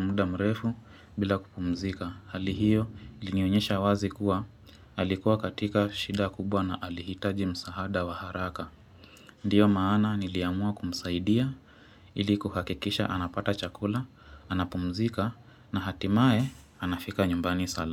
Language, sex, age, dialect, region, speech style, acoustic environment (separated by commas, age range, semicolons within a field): Swahili, female, 25-34, Kenya - Mombasa, Kenya, relaxed, construction